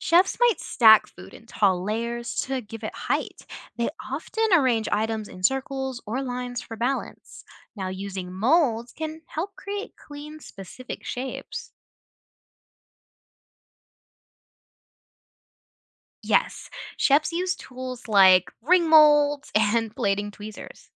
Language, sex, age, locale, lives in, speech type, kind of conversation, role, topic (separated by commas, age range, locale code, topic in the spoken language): English, other, 20-24, en-US, United States, read, expert-assistant, expert, What techniques do chefs use to make food visually appealing?